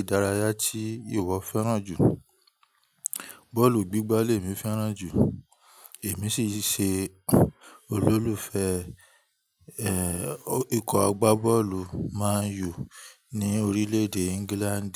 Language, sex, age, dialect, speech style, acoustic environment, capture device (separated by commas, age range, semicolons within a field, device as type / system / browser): Yoruba, male, 25-34, Nigeria - Ibadan, relaxed, people talking; nature, mobile / Linux / Chrome